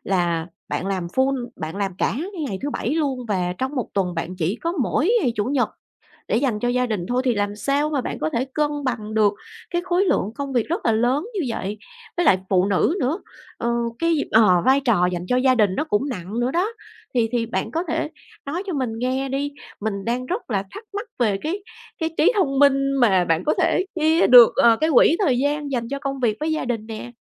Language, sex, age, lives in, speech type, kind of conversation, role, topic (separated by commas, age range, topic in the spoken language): Vietnamese, female, 40-44, Vietnam, conversation, podcast, host, Làm sao để cân bằng giữa công việc và gia đình?